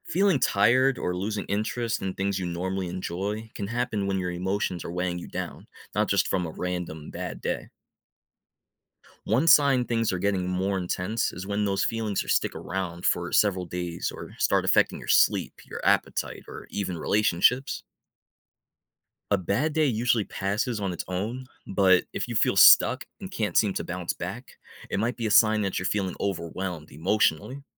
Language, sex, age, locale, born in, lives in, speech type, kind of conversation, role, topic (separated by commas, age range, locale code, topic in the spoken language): English, male, 25-29, en-US, United States, United States, read, expert-assistant, expert, Why is it important to recognize and manage your emotions?